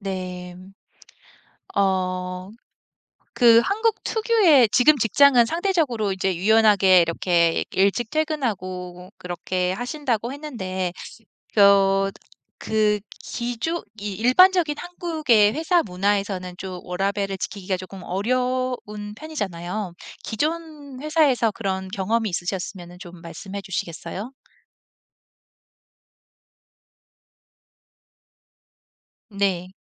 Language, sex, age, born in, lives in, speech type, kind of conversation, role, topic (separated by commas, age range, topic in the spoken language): Korean, female, 40-44, South Korea, United States, conversation, podcast, host, 일과 삶의 균형을 어떻게 맞추나요?